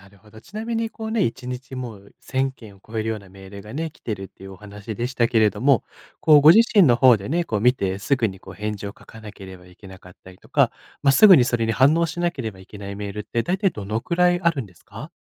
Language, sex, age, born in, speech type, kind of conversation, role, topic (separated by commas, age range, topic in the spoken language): Japanese, male, 25-29, Japan, conversation, advice, advisor, 会議やメールで一日が埋まり、集中して取り組むべき仕事ができないと感じるとき、どうすれば時間を確保できますか？